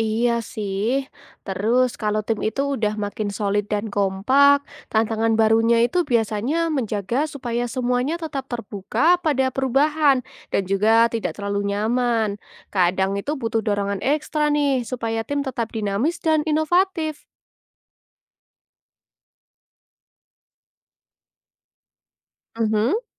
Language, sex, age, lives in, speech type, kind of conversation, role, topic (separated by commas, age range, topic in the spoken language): Indonesian, female, 20-24, Indonesia, read, expert-assistant, asker, Apa yang dimaksud dengan 'kerja tim' dalam kegiatan operasional bisnis, dan bagaimana hal itu memengaruhi hasil kerja sehari-hari?